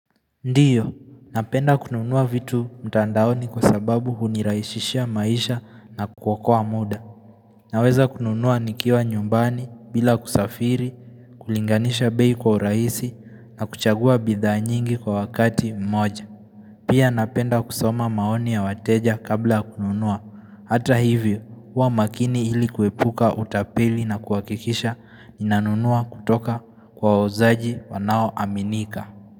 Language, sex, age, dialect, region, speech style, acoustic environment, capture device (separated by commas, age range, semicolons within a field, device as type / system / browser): Swahili, male, 18-24, Kenya - Nairobi Sheng-influenced, Kenya, focused; relaxed, nature; people talking; silence; traffic; appliances, mobile / Linux / Chrome